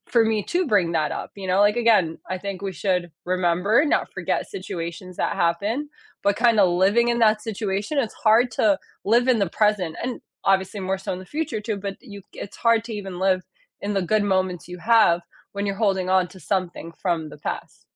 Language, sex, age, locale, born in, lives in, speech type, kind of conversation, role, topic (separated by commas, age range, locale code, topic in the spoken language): English, female, 30-34, en-US, United States, United States, conversation, unstructured, none, What do you think is the hardest thing to forgive?